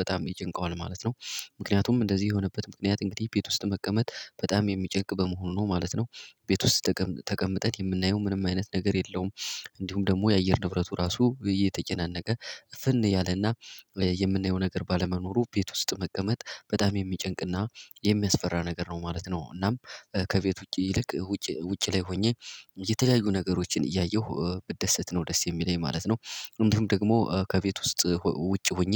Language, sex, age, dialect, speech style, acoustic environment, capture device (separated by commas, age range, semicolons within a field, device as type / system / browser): Amharic, male, 18-24, Ethiopia - Gojjam, happy, people talking, mobile / Linux / Chrome